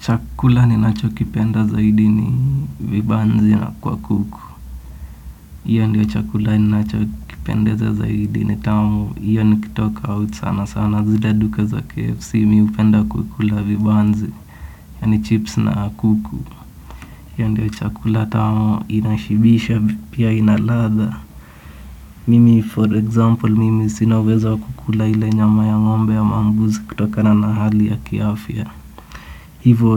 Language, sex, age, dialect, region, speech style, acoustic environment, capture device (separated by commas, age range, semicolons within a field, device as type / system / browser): Swahili, male, 18-24, Kenya - Nairobi Sheng-influenced, Kenya, relaxed, silence, desktop / Windows / Edge